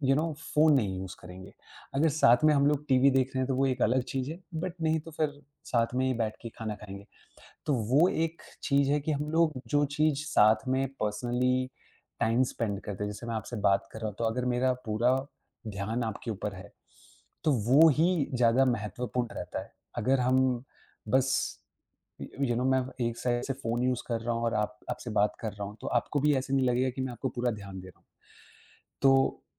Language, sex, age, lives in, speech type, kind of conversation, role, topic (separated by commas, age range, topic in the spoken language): Hindi, male, 25-29, India, conversation, podcast, guest, आप अपने स्क्रीन समय को नियंत्रित करने के लिए कौन-सा तरीका अपनाते हैं?